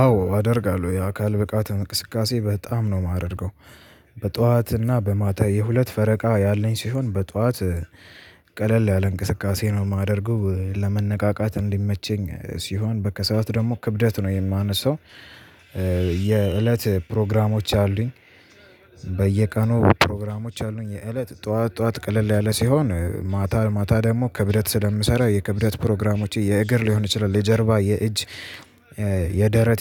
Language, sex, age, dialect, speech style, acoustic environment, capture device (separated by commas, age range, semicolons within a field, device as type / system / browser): Amharic, male, 18-24, Ethiopia - Addis Ababa, relaxed, music, mobile / Linux / Chrome